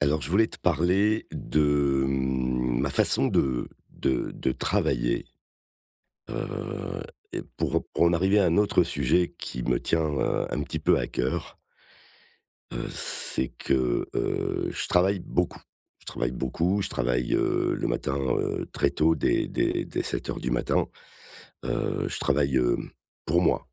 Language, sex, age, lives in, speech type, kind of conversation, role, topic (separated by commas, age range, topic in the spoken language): French, male, 55-59, France, conversation, advice, user, Comment puis-je faire en sorte que mon travail contribue à quelque chose de plus grand ?